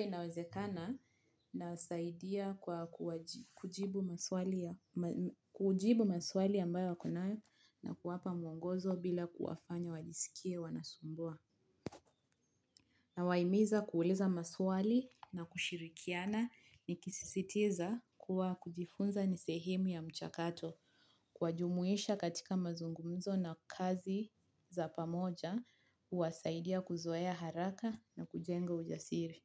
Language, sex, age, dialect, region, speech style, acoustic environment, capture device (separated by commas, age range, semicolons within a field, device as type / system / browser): Swahili, female, 25-34, Kenya - Mombasa, Kenya, relaxed; focused, nature; silence, mobile / Linux / Chrome